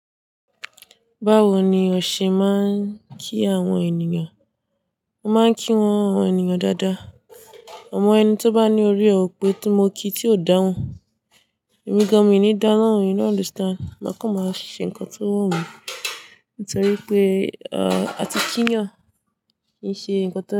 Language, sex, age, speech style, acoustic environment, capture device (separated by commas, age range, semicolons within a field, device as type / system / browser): Yoruba, female, 18-24, relaxed, silence, desktop / Linux / Chrome